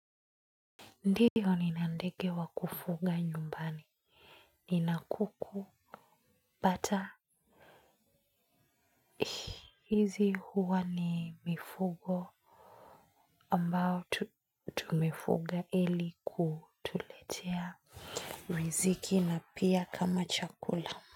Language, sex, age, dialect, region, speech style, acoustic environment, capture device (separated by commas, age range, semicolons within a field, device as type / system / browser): Swahili, female, 25-34, Kenya - Nairobi Sheng-influenced, Kenya, anxious, silence, mobile / Linux / Chrome